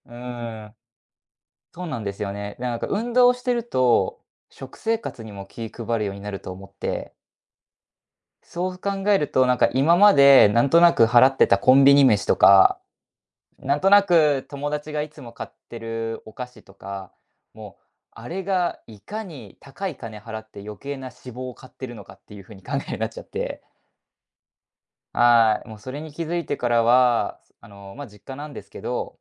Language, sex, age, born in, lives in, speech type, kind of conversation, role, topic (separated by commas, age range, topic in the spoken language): Japanese, male, 20-24, Japan, Japan, conversation, podcast, guest, 暮らしをシンプルにするために、あなたが続けている小さな習慣は何ですか？